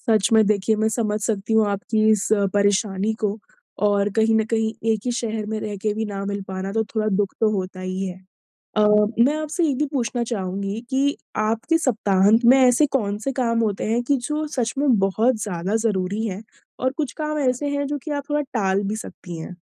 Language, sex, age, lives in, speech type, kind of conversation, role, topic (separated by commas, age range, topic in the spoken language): Hindi, female, 25-29, India, conversation, advice, advisor, सप्ताहांत में आराम और काम के बीच संतुलन कैसे बनाऊँ?